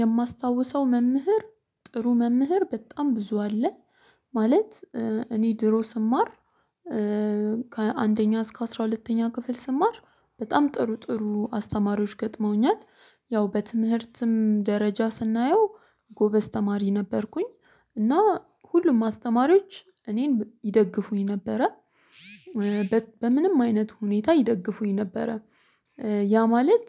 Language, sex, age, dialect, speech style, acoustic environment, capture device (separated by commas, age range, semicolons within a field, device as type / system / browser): Amharic, female, 25-34, Ethiopia - Addis Ababa, excited; focused, silence, mobile / Linux / Chrome